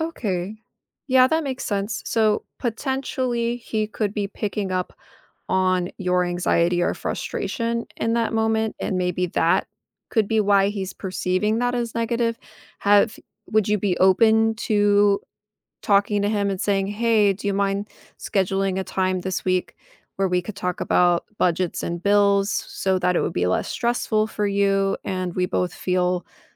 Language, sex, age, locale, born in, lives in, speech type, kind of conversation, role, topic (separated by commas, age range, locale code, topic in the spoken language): English, female, 30-34, en-US, United States, United States, conversation, advice, advisor, How can I communicate better with my partner during disagreements?